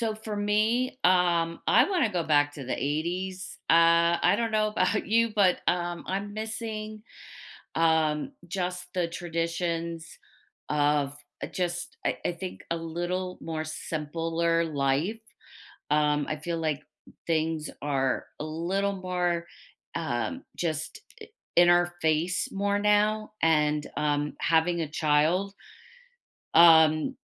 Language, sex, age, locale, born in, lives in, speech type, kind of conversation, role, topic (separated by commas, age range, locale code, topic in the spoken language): English, female, 50-54, en-US, United States, United States, conversation, unstructured, none, What shared traditions would you love to start or revive together to deepen our connection?